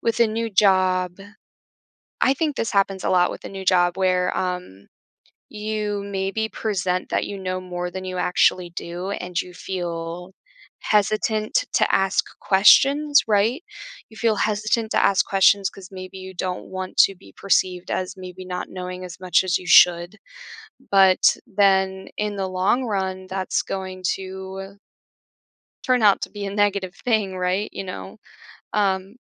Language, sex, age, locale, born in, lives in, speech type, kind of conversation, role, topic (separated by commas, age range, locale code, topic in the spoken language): English, female, 25-29, en-US, United States, United States, conversation, podcast, guest, What helps you stay true to yourself when facing new experiences?